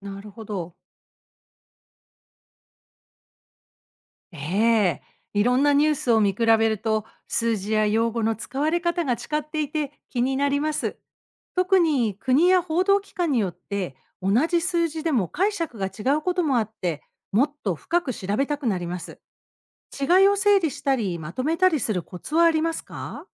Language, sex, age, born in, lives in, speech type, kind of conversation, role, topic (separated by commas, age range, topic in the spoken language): Japanese, female, 55-59, Japan, United States, read, expert-assistant, asker, 国際ニュースでよく見る情報、どこまで信じていいと思いますか？